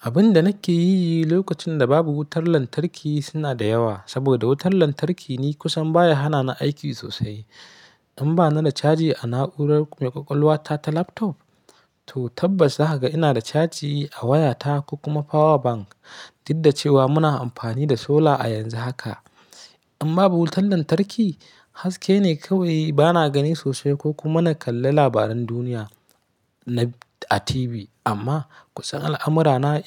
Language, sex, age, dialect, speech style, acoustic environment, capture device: Hausa, male, 25-34, Nigeria - Kano, happy, silence; nature, mobile / Linux / Chrome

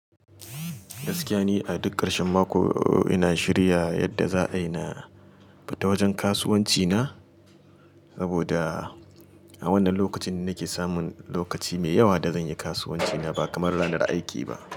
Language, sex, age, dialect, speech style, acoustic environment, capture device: Hausa, male, 35-44, Nigeria - Kano, happy; excited, appliances, mobile / Linux / Chrome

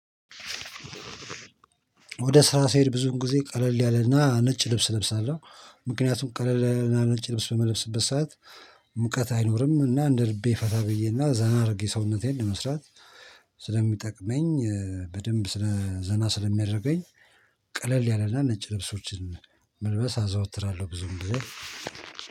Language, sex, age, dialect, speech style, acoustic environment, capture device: Amharic, male, 45-54, Ethiopia - Addis Ababa, happy, silence, mobile / Linux / Chrome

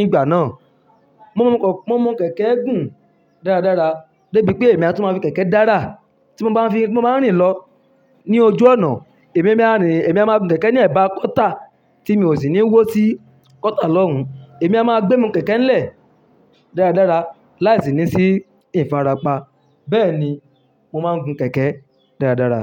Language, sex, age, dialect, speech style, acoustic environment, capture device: Yoruba, male, 25-34, Nigeria - Ibadan, happy, people talking, mobile / Linux / Chrome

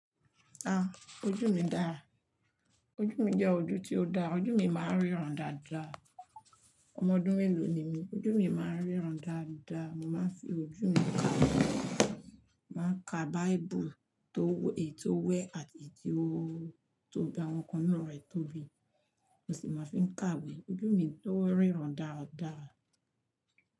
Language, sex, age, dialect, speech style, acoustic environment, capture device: Yoruba, female, 45-54, Nigeria - Lagos Yoruba, happy, silence, mobile / Linux / Chrome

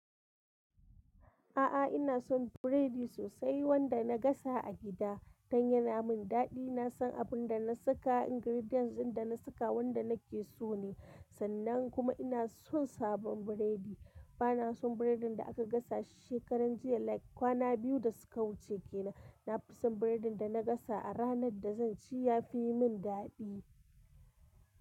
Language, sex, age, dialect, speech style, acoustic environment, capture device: Hausa, female, 25-34, Nigeria - Kano, happy, construction, mobile / Linux / Chrome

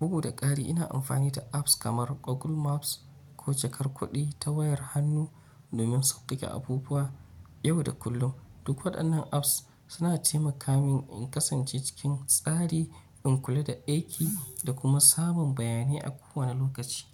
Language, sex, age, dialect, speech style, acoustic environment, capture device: Hausa, male, 25-34, Nigeria - Nigerian Standard, focused; excited, nature; appliances, mobile / Linux / Chrome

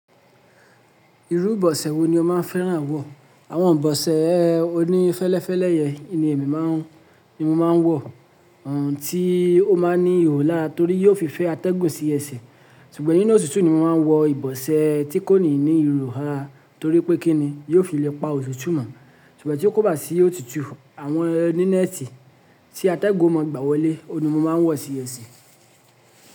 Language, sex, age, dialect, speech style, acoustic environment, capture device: Yoruba, male, 25-34, Nigeria - Lagos Yoruba, happy; relaxed; focused; excited, silence; nature, mobile / Linux / Chrome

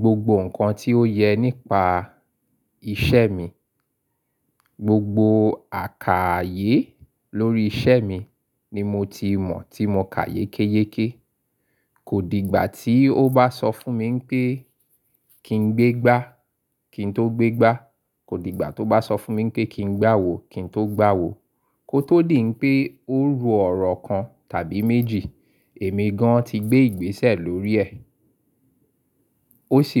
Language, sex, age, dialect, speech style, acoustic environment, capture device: Yoruba, male, 35-44, Nigeria - Lagos Yoruba, excited; focused; happy, silence, mobile / Linux / Chrome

